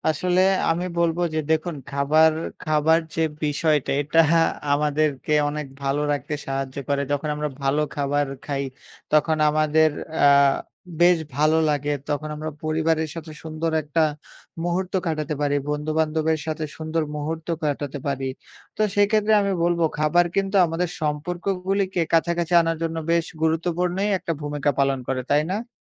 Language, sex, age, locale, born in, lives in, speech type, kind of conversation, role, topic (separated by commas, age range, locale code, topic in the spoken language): Bengali, male, 20-24, bn-BD, Bangladesh, Bangladesh, conversation, unstructured, none, আপনি কি মনে করেন খাবার ভাগাভাগি করলে মানুষ একে অপরের আরও কাছাকাছি আসে?